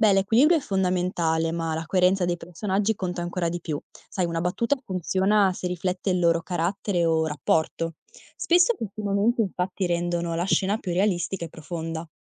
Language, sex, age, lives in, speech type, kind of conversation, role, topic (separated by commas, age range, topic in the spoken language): Italian, female, 20-24, Italy, read, expert-assistant, expert, In che modo leggere libri diversi può aiutare a sviluppare nuove idee per la scrittura creativa?